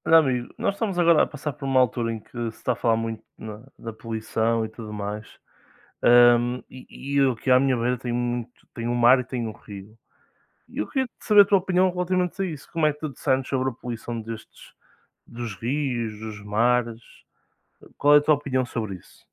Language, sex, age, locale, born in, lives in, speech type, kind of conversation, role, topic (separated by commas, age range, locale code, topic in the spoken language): Portuguese, male, 20-24, pt-PT, Portugal, Portugal, conversation, unstructured, none, Como você se sente em relação à poluição dos rios e dos mares?